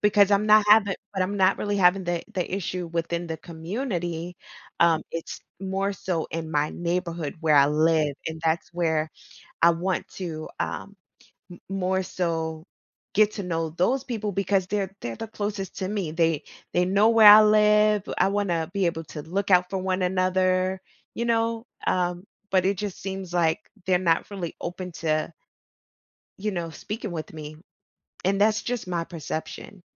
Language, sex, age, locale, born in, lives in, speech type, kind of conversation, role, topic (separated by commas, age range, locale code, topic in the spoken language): English, female, 35-39, en-US, United States, United States, conversation, advice, user, How can I make genuine friends in a tight-knit community where people seem closed off?